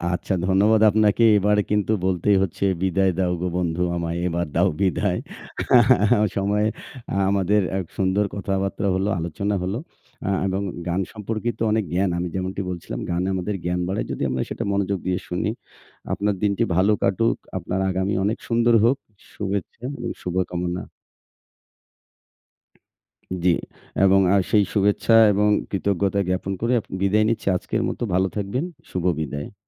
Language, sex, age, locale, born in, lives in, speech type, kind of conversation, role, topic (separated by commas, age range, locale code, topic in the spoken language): Bengali, male, 40-44, bn-BD, Bangladesh, Bangladesh, conversation, unstructured, none, আপনার প্রিয় বাংলা গান কোনটি, আর কেন?